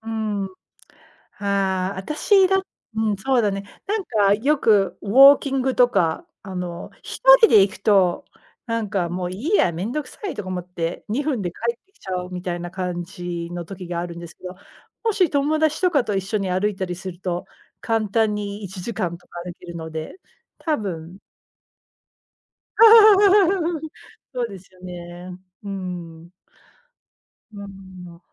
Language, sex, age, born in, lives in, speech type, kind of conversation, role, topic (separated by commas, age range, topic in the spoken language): Japanese, female, 40-44, United States, United States, conversation, unstructured, none, 趣味をきっかけに友達ができたことはありますか？